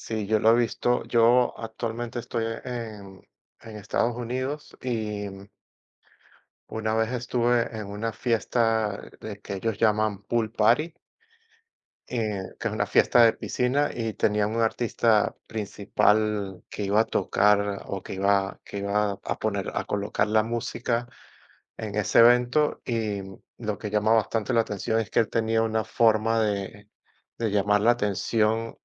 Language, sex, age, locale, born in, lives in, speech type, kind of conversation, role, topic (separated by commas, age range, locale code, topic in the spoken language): Spanish, male, 40-44, es-VE, Venezuela, United States, conversation, unstructured, none, ¿Has tenido alguna experiencia divertida en una fiesta cultural?